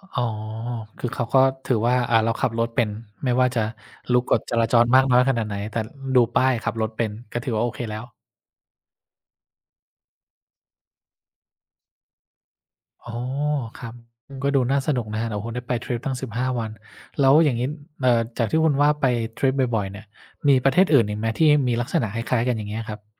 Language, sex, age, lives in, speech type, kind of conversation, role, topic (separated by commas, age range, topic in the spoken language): Thai, male, 50-54, Thailand, conversation, podcast, host, ช่วยเล่าเรื่องทริปที่ทำให้คุณเปลี่ยนมุมมองชีวิตให้ฟังหน่อยได้ไหม?